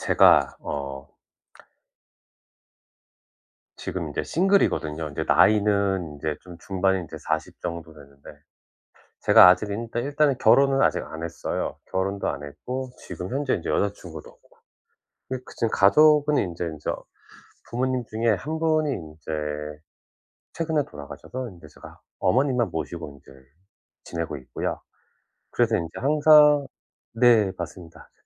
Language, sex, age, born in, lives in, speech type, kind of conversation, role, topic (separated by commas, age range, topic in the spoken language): Korean, male, 40-44, South Korea, United States, conversation, advice, user, 휴일에 외로움과 소외감을 자주 느낄 때 어떻게 하면 좋을까요?